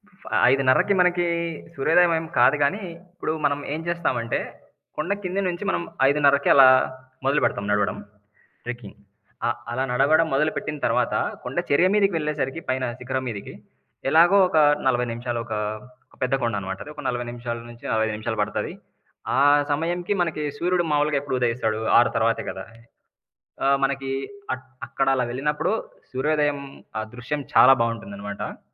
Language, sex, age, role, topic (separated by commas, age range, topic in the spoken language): Telugu, male, 25-29, guest, నడక లేదా ట్రెక్కింగ్ చేయడం మీకు ఏమి ఇస్తుంది?